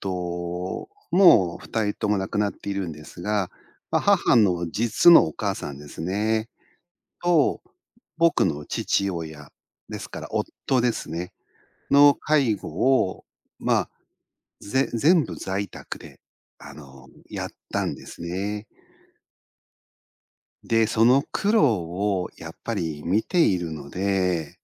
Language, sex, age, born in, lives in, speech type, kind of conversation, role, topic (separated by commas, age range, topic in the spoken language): Japanese, male, 50-54, Japan, Japan, conversation, podcast, guest, 介護が必要になったら、どうすればいいですか？